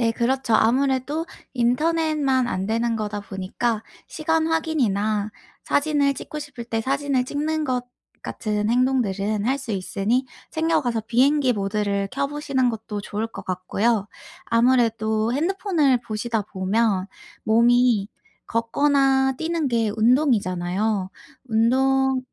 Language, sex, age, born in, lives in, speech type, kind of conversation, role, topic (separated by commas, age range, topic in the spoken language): Korean, female, 25-29, South Korea, United States, conversation, advice, advisor, 일상에서 마음챙김을 간단히 시작하려면 구체적으로 어떻게 하면 좋을까요?